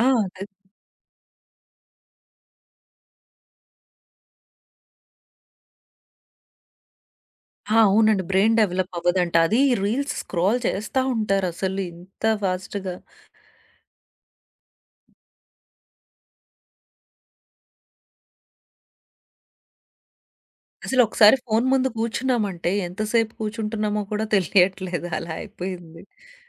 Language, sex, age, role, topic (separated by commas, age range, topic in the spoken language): Telugu, female, 30-34, guest, పిల్లల తెర-సమయం గురించి ఇంట్లో కుటుంబ చర్చలు సాధారణంగా ఎలా జరుగుతాయి?